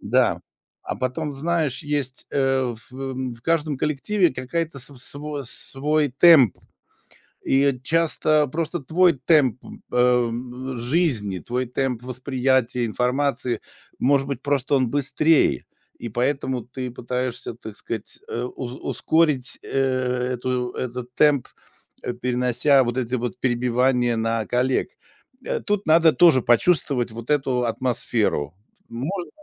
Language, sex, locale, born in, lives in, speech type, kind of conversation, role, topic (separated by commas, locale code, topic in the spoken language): Russian, male, ru-RU, Russia, Germany, conversation, advice, advisor, В какой ситуации вы получили негативную обратную связь и как вы её обсудили?